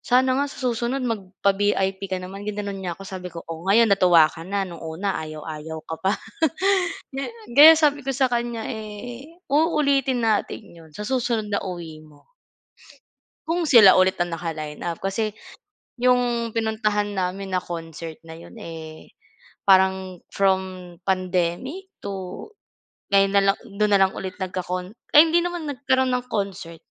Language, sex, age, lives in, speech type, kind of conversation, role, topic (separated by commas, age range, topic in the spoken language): Filipino, female, 25-29, Philippines, conversation, unstructured, none, Ano ang pinakanatatandaan mong konsiyertong napuntahan mo?